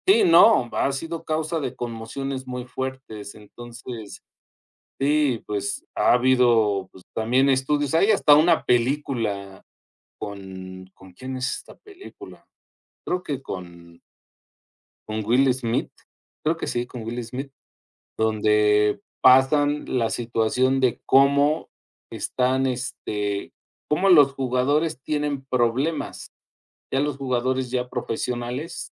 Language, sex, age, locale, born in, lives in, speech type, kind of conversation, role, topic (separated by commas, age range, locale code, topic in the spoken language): Spanish, male, 50-54, es-MX, Mexico, Mexico, conversation, unstructured, none, ¿Cuál es tu pasatiempo favorito y por qué te gusta tanto?